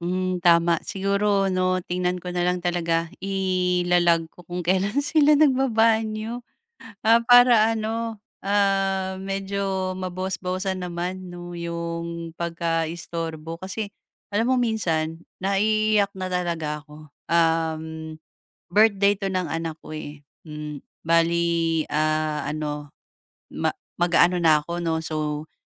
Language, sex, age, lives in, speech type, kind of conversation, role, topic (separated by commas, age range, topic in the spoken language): Filipino, female, 35-39, Philippines, conversation, advice, user, Paano ako makakapagpahinga at makapagrelaks kahit maraming sagabal sa bahay?